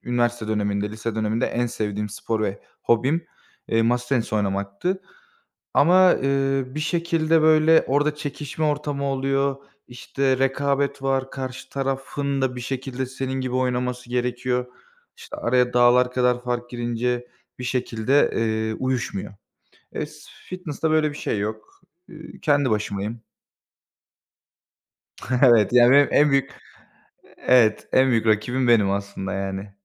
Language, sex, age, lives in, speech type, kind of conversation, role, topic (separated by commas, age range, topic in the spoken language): Turkish, male, 25-29, Bulgaria, conversation, podcast, guest, Hangi hobin seni en çok mutlu ediyor, anlatır mısın?